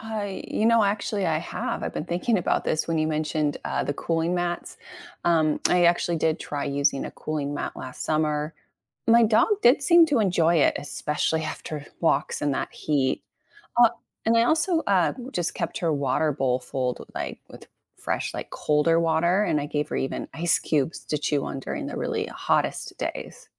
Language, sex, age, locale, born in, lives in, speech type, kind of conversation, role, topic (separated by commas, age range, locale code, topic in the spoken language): English, female, 40-44, en-US, United States, United States, read, expert-assistant, asker, Why do dogs pant when they are hot?